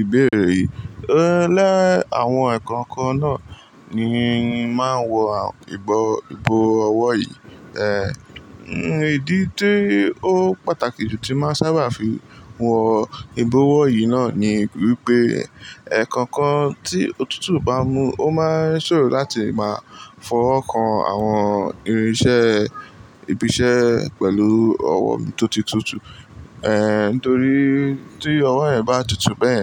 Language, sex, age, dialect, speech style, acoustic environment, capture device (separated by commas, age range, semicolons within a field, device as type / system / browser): Yoruba, male, 18-24, Nigeria - Lagos Yoruba, relaxed, appliances; people talking, mobile / Linux / Chrome